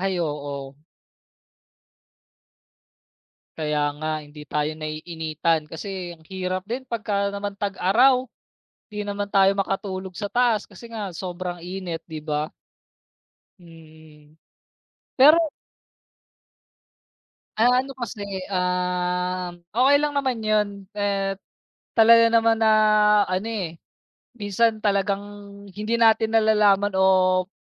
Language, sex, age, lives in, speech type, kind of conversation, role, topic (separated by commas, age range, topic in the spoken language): Filipino, male, 30-34, Philippines, conversation, unstructured, none, Ano ang pinakamasayang naramdaman mo matapos makapagtipid?